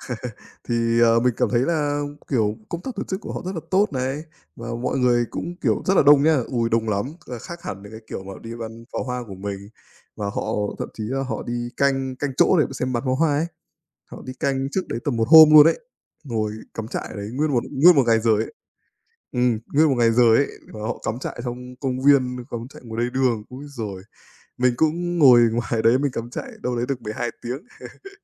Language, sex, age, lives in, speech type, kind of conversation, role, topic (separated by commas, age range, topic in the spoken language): Vietnamese, male, 25-29, Vietnam, conversation, unstructured, none, Bạn thích tham gia lễ hội nào nhất trong năm?